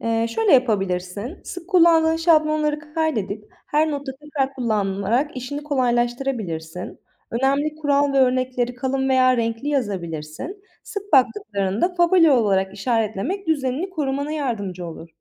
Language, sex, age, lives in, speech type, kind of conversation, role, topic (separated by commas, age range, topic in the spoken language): Turkish, female, 25-29, Italy, read, expert-assistant, expert, Dijital not almaya nasıl alışabilirim?